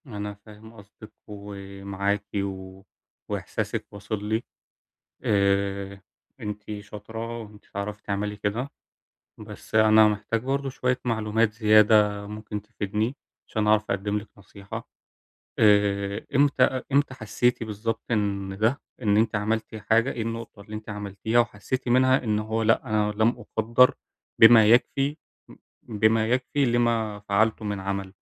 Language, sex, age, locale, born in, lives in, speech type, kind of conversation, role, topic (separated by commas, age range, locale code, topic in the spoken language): Arabic, male, 20-24, ar-EG, Egypt, Germany, conversation, advice, advisor, إزاي أبني مصداقيتي وآخد تقدير مهني جوه الشركة بشكل واضح وفعّال؟